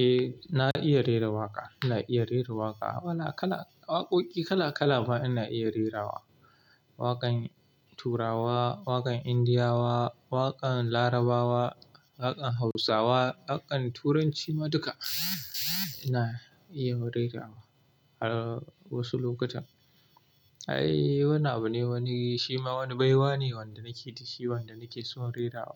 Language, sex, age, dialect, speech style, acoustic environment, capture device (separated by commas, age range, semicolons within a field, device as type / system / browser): Hausa, male, 25-34, Nigeria - Kano, happy; relaxed, silence; appliances, mobile / Linux / Chrome